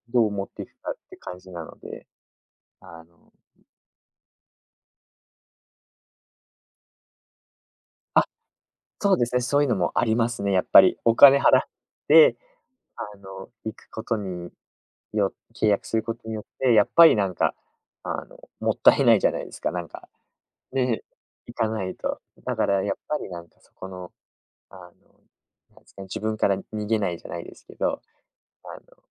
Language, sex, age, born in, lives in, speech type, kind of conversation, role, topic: Japanese, male, 20-24, United States, Japan, conversation, podcast, guest, 習慣化のコツや工夫を教えてください？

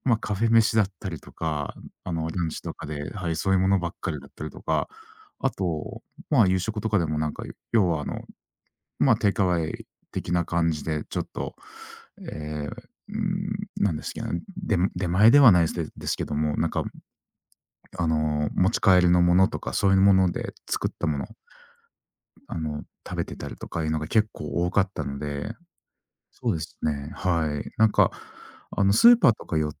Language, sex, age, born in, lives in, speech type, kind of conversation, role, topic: Japanese, male, 40-44, Japan, Japan, conversation, podcast, guest, 外食が続くときは、どのように調整していますか？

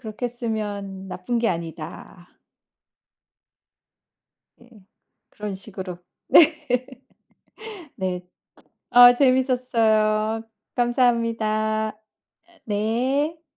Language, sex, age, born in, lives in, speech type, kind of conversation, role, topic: Korean, female, 50-54, South Korea, United States, conversation, unstructured, none, 취미 활동에 돈을 많이 쓰는 것은 정말 나쁜 일일까요?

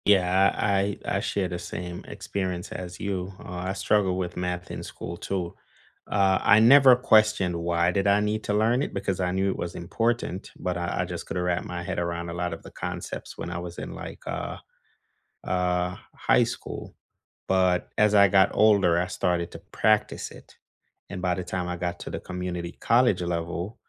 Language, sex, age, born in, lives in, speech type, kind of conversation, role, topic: English, male, 35-39, Jamaica, United States, conversation, unstructured, none, How do you learn together with others by reflecting on past lessons, noticing your current habits, and taking simple next steps?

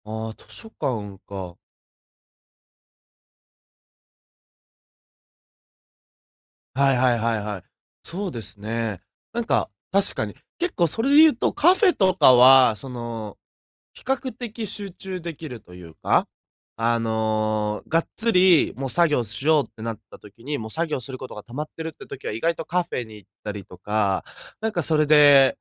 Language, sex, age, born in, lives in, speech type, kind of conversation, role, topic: Japanese, male, 20-24, Japan, Japan, conversation, advice, user, 注意散漫にならずに長時間集中するにはどうすればよいですか？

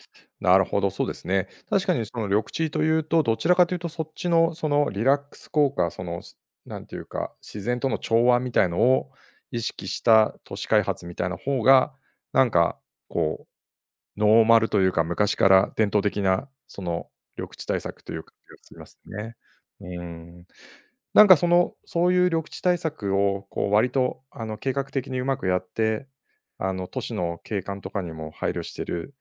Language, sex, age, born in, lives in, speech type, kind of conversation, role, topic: Japanese, male, 50-54, Japan, Japan, conversation, podcast, host, 都市の緑地を増やすと何が変わると思いますか？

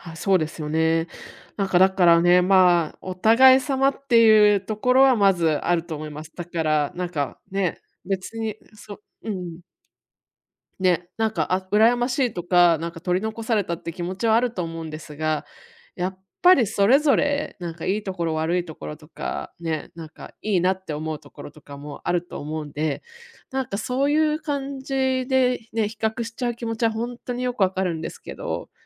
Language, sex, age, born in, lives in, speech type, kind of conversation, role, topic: Japanese, female, 35-39, Japan, United States, conversation, advice, advisor, 他人と比べる習慣を減らすにはどうすればいいですか？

